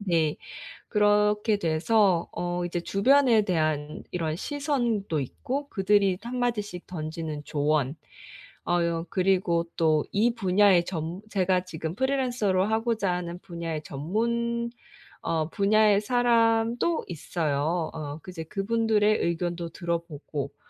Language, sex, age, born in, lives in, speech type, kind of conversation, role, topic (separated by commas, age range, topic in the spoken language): Korean, female, 30-34, South Korea, United States, conversation, advice, user, 두려움이 큰데도 어떻게 한 발짝 나아갈 수 있을까요?